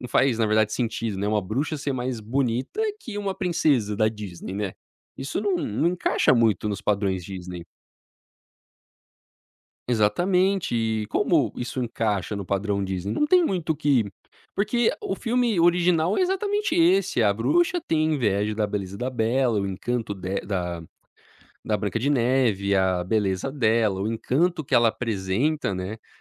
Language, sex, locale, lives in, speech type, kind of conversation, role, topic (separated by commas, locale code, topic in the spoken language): Portuguese, male, pt-BR, United States, conversation, podcast, guest, O que a nostalgia significa para você na cultura pop?